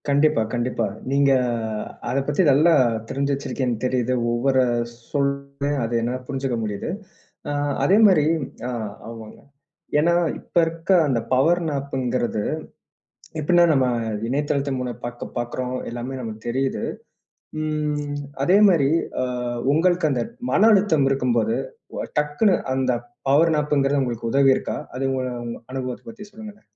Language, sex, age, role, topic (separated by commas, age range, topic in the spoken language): Tamil, male, 25-29, host, சிறிது நேரம் தூங்கினால் அது உங்களுக்கு எப்படி உதவும்?